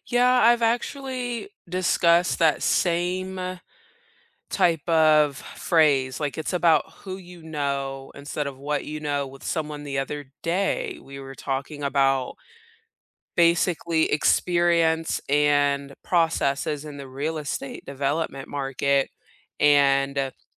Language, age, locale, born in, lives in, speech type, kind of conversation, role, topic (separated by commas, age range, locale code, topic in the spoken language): English, 30-34, en-US, South Korea, United States, conversation, unstructured, none, How do you determine your value and communicate it when negotiating pay and raises?